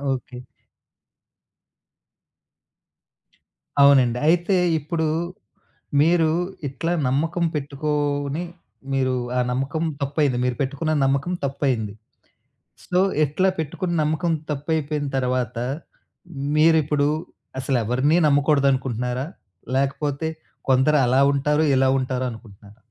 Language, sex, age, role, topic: Telugu, male, 35-39, host, పశ్చాత్తాపాన్ని సానుకూలంగా మార్చుకోవడానికి మీరు ఏ సలహా ఇస్తారు?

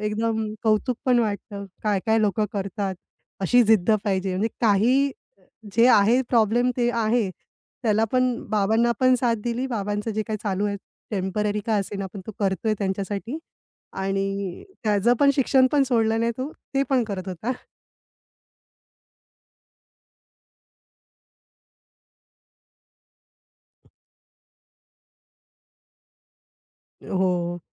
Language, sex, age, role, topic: Marathi, female, 35-39, guest, एखाद्या टॅक्सीचालकाशी झालेली आठवण सांगशील का?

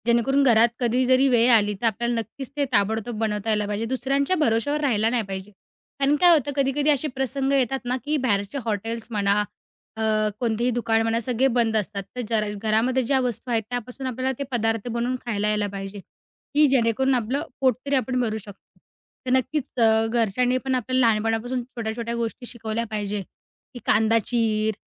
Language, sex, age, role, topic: Marathi, female, 20-24, guest, तुझा पहिला स्वयंपाकाचा अनुभव कसा होता?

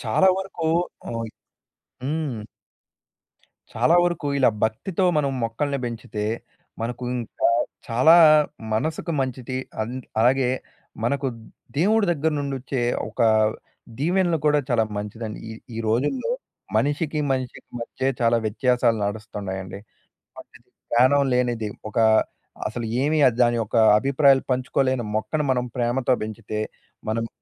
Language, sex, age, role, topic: Telugu, male, 20-24, guest, ఒక చిన్న తోటను మొదలుపెట్టేందుకు సులభమైన సలహా ఏమిటి?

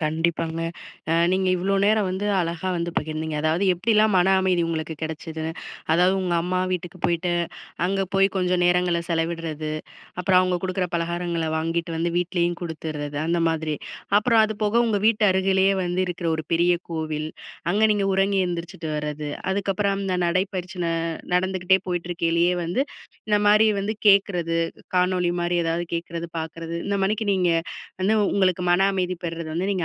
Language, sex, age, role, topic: Tamil, female, 35-39, host, மன அமைதிக்காக ஒரு சாதாரண நாளை எப்படி திட்டமிடுவது?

